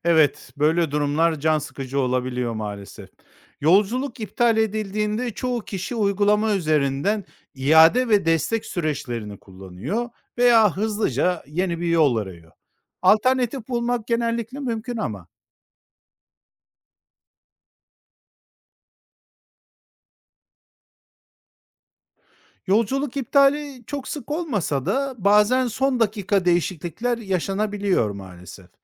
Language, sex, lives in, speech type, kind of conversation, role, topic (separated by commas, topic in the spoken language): Turkish, male, Spain, read, expert-assistant, expert, Seyahat planı yaparken zamandan ve bütçeden tasarruf etmek için hangi yaratıcı yollar denenebilir?